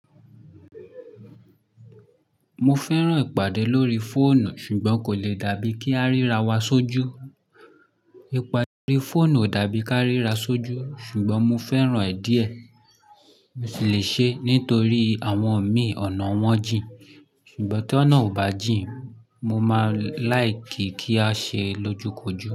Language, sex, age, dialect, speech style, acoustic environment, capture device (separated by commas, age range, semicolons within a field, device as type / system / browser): Yoruba, male, 25-34, Nigeria - Lagos Yoruba, anxious, silence, mobile / Linux / Chrome